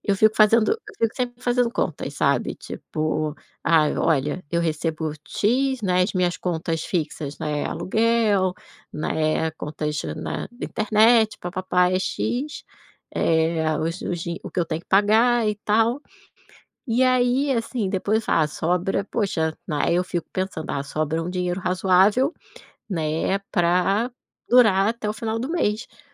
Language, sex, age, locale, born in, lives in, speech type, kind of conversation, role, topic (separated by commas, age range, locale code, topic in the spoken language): Portuguese, female, 40-44, pt-BR, Brazil, Portugal, conversation, advice, user, Quais gastos impulsivos estão sabotando o seu orçamento?